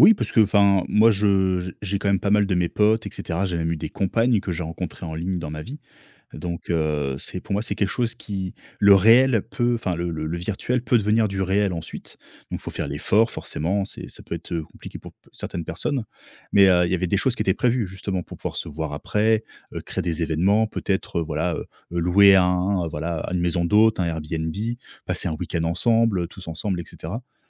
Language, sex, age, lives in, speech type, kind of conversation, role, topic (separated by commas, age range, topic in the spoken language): French, male, 30-34, France, conversation, podcast, guest, Comment créer du lien authentique dans une discussion en ligne, d’après toi ?